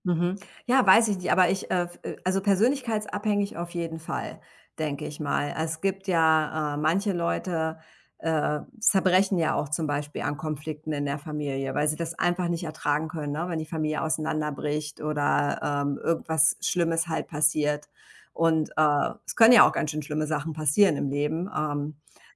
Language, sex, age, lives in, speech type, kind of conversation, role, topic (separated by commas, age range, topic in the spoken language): German, female, 45-49, United States, conversation, unstructured, none, Wie gehst du mit Konflikten in der Familie um?